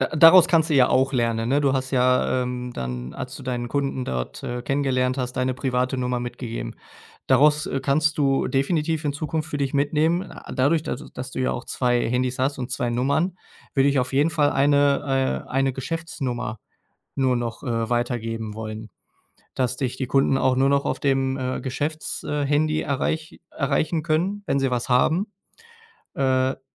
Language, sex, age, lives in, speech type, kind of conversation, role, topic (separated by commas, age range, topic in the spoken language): German, male, 30-34, Germany, conversation, advice, advisor, Wie kann ich Zeit für meine wichtigsten Aufgaben blockieren, ohne abgelenkt zu werden?